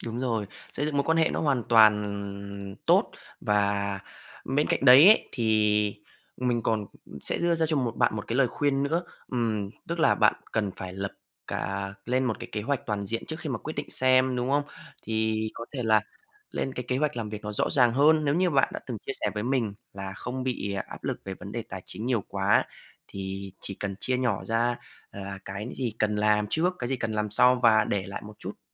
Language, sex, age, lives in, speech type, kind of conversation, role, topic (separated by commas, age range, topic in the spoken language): Vietnamese, male, 20-24, Vietnam, conversation, advice, advisor, Tôi nên nghỉ việc hay cố gắng tiếp tục khi đang kiệt sức?